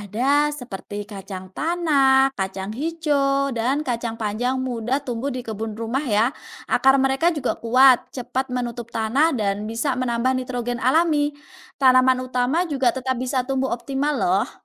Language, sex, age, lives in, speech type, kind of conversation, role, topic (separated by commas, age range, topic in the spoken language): Indonesian, female, 30-34, Indonesia, read, expert-assistant, expert, Apa saja solusi agar hasil panen tetap bagus meski musim berubah-ubah?